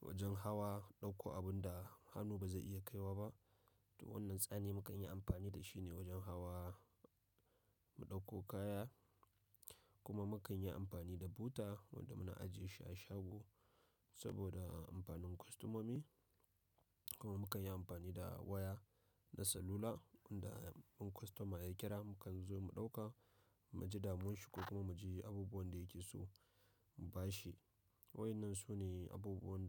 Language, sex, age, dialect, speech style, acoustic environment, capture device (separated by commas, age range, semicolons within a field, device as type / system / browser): Hausa, male, 25-34, Nigeria - Nigerian Standard, happy; excited, people talking; nature; silence, mobile / macOS / Safari